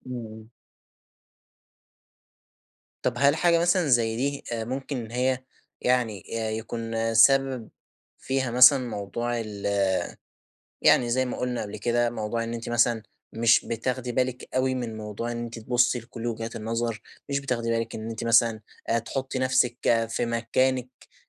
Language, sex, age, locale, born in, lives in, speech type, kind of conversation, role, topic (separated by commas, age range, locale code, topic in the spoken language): Arabic, male, 20-24, ar-EG, Egypt, Egypt, conversation, advice, advisor, إزاي أبني مرونة شخصية تخلّيني أكمل في عاداتي لما تظهر عقبات أو مشتتات؟